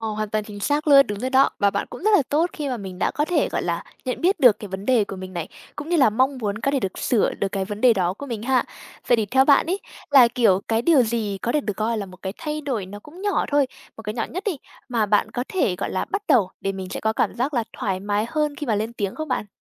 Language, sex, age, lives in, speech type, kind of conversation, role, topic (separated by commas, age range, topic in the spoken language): Vietnamese, female, 20-24, Vietnam, conversation, advice, advisor, Làm sao để bớt ngại lên tiếng trong cuộc họp hoặc lớp học?